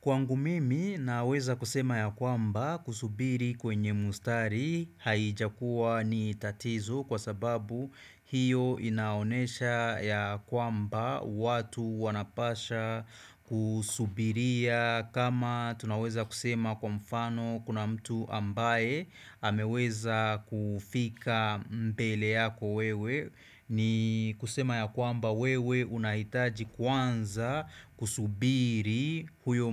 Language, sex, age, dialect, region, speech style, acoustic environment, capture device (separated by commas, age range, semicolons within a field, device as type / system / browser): Swahili, male, 25-34, Kenya - Nairobi Sheng-influenced, Kenya, focused, silence; appliances, desktop / Windows / Chrome